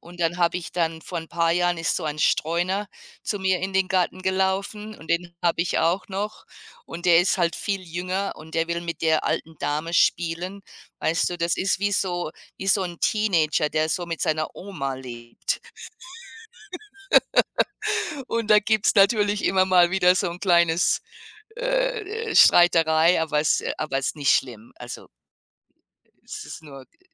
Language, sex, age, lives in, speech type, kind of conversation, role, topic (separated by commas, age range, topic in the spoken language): German, female, 55-59, United States, conversation, unstructured, none, Welche kleinen Dinge machen dich glücklich?